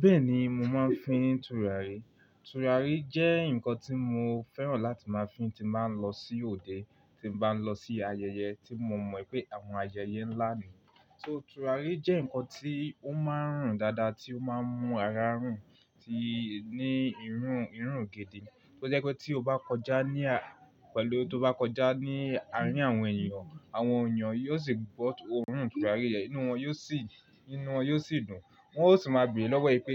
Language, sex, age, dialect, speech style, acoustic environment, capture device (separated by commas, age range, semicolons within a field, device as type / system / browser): Yoruba, male, 18-24, Nigeria - Lagos Yoruba, relaxed, silence, mobile / Linux / Chrome